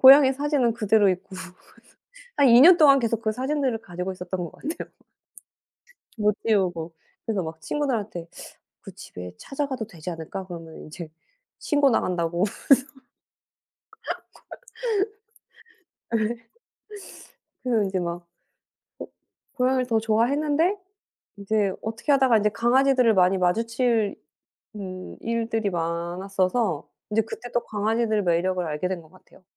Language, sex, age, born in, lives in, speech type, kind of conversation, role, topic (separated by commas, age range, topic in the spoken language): Korean, female, 40-44, South Korea, United States, conversation, unstructured, none, 고양이와 강아지 중 어떤 반려동물이 더 매력적이라고 생각하시나요?